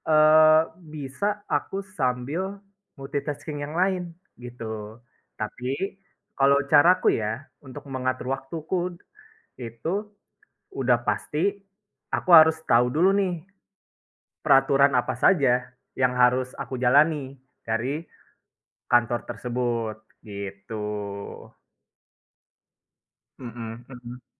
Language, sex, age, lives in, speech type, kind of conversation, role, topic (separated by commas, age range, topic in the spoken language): Indonesian, male, 25-29, Indonesia, conversation, unstructured, none, Apa pendapat Anda tentang bekerja dari rumah dibandingkan bekerja di kantor?